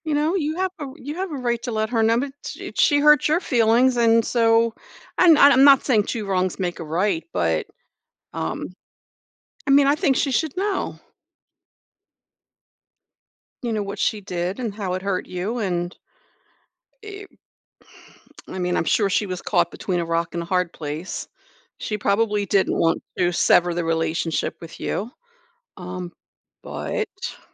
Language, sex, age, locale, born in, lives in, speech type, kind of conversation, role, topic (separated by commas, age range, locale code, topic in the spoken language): English, female, 60-64, en-US, United States, United States, conversation, advice, advisor, How do I decide whether to confront someone who hurt me?